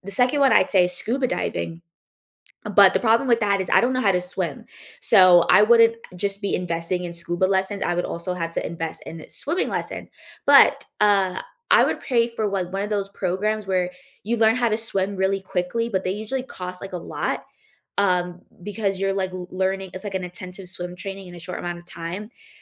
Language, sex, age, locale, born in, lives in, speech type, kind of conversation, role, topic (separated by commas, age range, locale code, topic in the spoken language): English, female, 20-24, en-US, United States, United States, conversation, unstructured, none, What hobby would you take up if you had unlimited time and money?